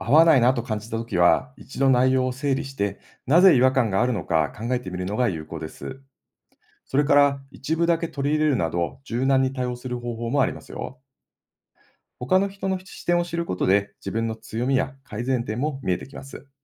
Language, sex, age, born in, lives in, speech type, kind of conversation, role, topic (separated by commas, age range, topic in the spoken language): Japanese, male, 50-54, Japan, Japan, read, expert-assistant, expert, ビジネスで効果的なサポートやアドバイスの受け方は？